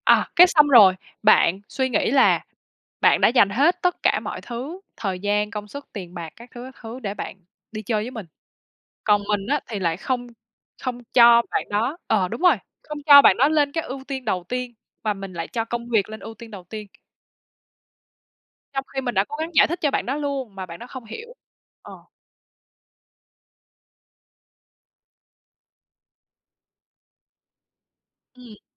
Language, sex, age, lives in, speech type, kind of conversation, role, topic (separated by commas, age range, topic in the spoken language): Vietnamese, female, 25-29, Vietnam, conversation, advice, user, Làm sao để chấm dứt những cuộc cãi vã lặp đi lặp lại và giải quyết vấn đề một cách dứt điểm?